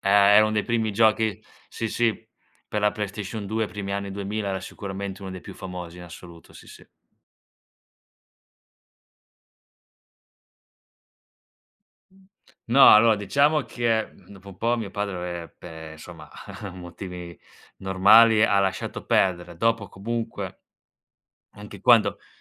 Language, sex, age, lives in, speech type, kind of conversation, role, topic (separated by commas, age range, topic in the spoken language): Italian, male, 25-29, Italy, conversation, podcast, guest, Qual è un hobby che ti appassiona davvero?